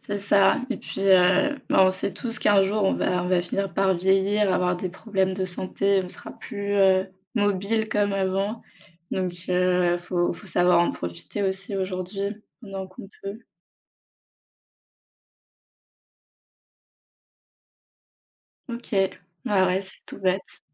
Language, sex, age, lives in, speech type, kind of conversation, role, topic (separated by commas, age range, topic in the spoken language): French, female, 25-29, France, conversation, unstructured, none, Penses-tu que parler de la mort peut aider à mieux vivre ?